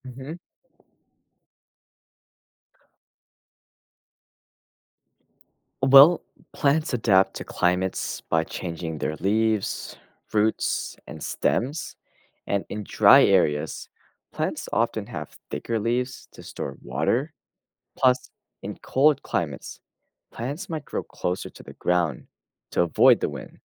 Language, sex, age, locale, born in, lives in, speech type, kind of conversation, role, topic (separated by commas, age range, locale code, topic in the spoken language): English, male, 20-24, en-US, United States, United States, read, expert-assistant, expert, How do plants adapt to survive different climates?